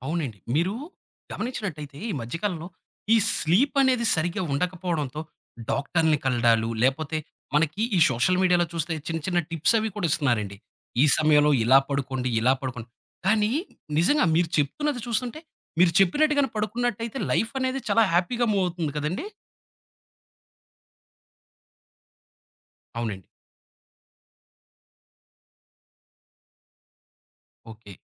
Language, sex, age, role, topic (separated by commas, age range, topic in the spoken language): Telugu, male, 30-34, host, రూటీన్ తప్పిపోయిన తర్వాత మళ్లీ దానిలోకి ఎలా తిరిగి వస్తారు?